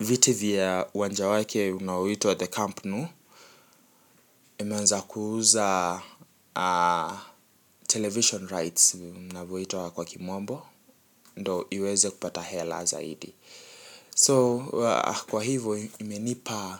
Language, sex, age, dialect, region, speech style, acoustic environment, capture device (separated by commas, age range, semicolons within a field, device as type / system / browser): Swahili, male, 18-24, Kenya - Nairobi Sheng-influenced, Kenya, nervous, appliances, mobile / Linux / Chrome